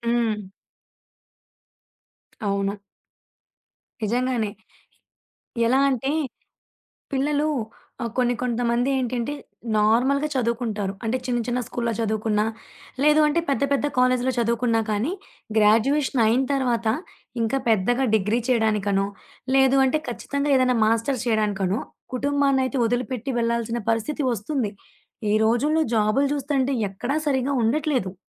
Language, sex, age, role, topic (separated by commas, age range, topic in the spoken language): Telugu, female, 20-24, guest, దూరంలో ఉన్న కుటుంబంతో ప్రేమను ఎలా నిలబెట్టుకుంటారు?